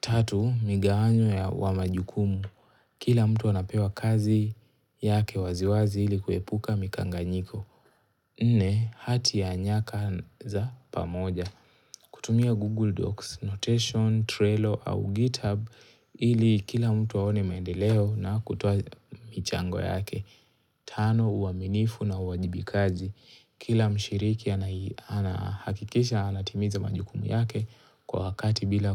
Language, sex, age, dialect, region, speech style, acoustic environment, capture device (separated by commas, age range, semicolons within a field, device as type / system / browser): Swahili, male, 18-24, Kenya - Mombasa, Kenya, relaxed, silence, mobile / Linux / Chrome